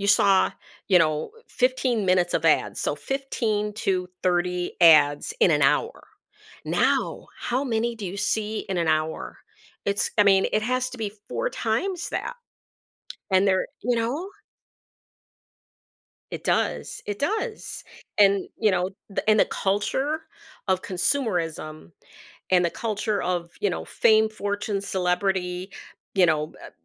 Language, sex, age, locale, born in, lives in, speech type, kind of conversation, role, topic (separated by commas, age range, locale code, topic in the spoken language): English, female, 55-59, en-US, United States, United States, conversation, podcast, host, How can people cope with sadness and take care of their emotional well-being?